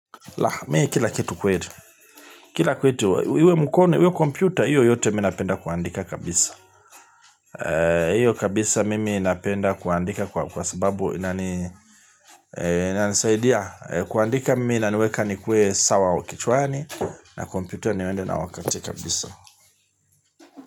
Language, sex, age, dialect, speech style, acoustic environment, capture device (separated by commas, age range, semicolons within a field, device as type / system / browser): Swahili, male, 45-54, Tanzania - Dar es Salaam, happy, people talking; appliances, mobile / Linux / Chrome